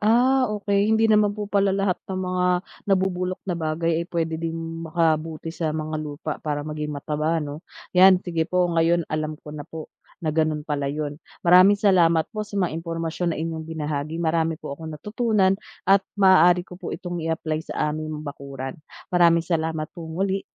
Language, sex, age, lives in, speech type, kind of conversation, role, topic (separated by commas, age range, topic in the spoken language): Filipino, female, 30-34, Philippines, read, expert-assistant, asker, Paano nakakatulong ang mga bulate sa lupa sa pagtatanim?